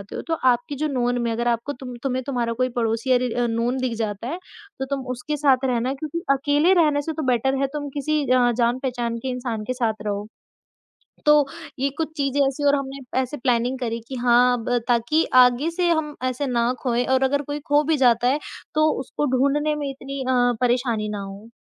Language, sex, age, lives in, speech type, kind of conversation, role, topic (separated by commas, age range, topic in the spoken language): Hindi, female, 20-24, India, conversation, podcast, guest, Kya aap kabhi kisi tyohar ya bheed mein kho gaye the, aur aap wahan se kaise nikle?